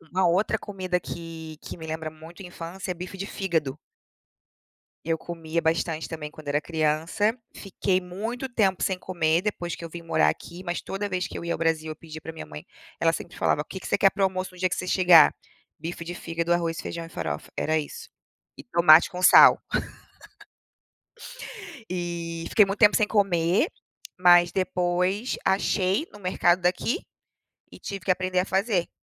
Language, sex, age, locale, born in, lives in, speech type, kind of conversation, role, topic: Portuguese, female, 35-39, pt-BR, Brazil, United States, conversation, unstructured, none, Que comida te lembra a infância e por quê?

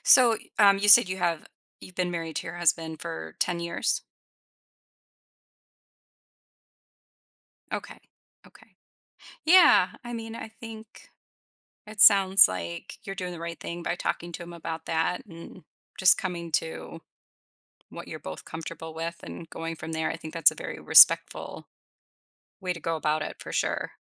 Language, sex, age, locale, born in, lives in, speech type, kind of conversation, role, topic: English, female, 45-49, en-US, United States, United States, conversation, advice, advisor, How can I make a strong first impression at my new job?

